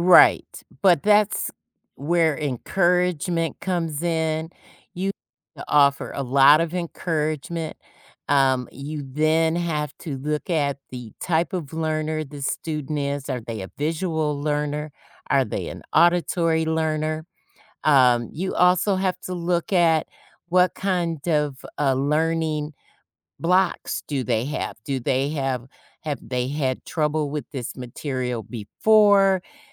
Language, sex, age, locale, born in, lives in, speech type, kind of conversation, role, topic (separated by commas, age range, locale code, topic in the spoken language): English, female, 55-59, en-US, United States, United States, conversation, podcast, guest, How can experiencing setbacks help us grow and learn more effectively?